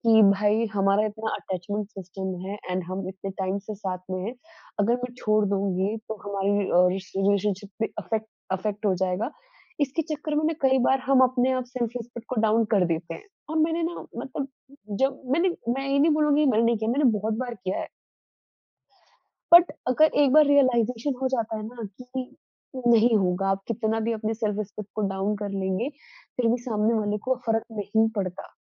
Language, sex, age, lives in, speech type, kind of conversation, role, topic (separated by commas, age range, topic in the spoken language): Hindi, female, 20-24, India, conversation, podcast, guest, Conflict ke baad pehli baar baat kaise shuru karte ho?